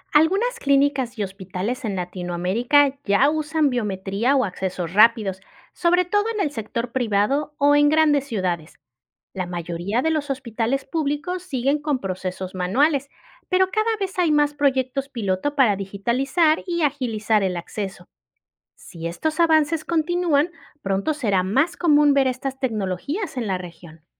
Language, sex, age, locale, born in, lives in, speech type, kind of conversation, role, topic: Spanish, female, 35-39, es-MX, Mexico, Mexico, read, expert-assistant, expert, ¿Cómo pueden los hospitales agilizar y hacer más seguros los trámites médicos con tecnología digital?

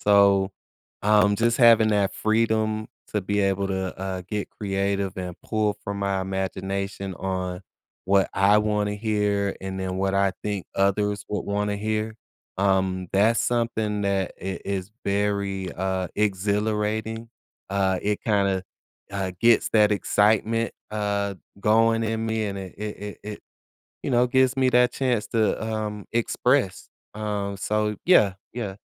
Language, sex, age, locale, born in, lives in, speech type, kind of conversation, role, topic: English, male, 40-44, en-US, United States, United States, conversation, podcast, guest, What helps you find motivation and fresh ideas when beginning something creative?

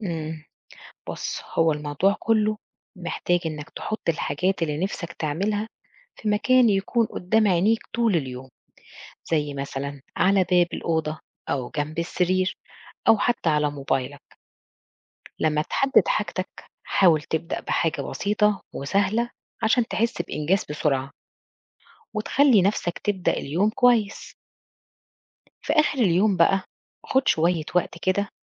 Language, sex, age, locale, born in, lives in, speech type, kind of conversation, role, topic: Arabic, female, 40-44, ar-EG, Egypt, Portugal, read, expert-assistant, expert, ما هي أهمية تحديد الأهداف الدراسية؟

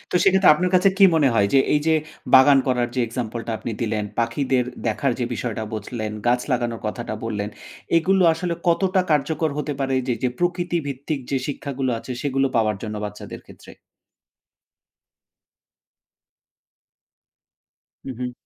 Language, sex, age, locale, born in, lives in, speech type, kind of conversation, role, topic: Bengali, male, 35-39, bn-BD, Bangladesh, Finland, conversation, podcast, host, শিশুদের মধ্যে প্রকৃতির প্রতি আগ্রহ বাড়াতে আপনি কী কী করতে বলবেন?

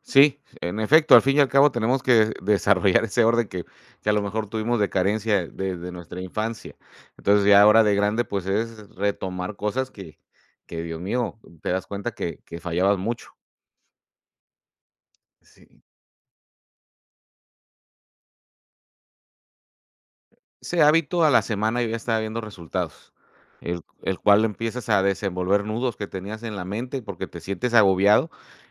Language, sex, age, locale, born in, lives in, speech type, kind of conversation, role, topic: Spanish, male, 45-49, es-MX, Mexico, Mexico, conversation, unstructured, none, ¿Qué hábito pequeño te ha cambiado la vida?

